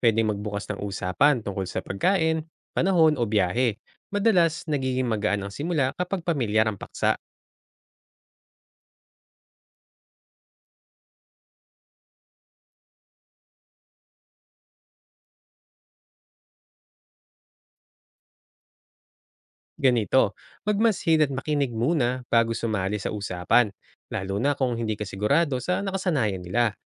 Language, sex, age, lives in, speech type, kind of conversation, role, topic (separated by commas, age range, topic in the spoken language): Filipino, male, 35-39, Philippines, read, expert-assistant, expert, Ano ang mga epektibong paraan para mas makilala ang buhay ng magkakaibang grupo sa isang urban na lugar?